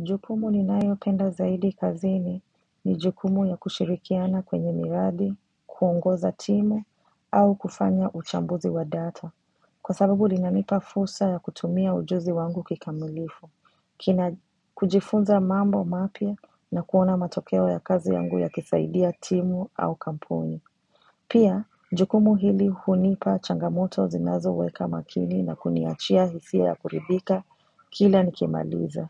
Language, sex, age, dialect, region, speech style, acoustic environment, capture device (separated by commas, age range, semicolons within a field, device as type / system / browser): Swahili, female, 25-34, Kenya - Mombasa, Kenya, relaxed; focused, nature; silence; appliances, mobile / macOS / Safari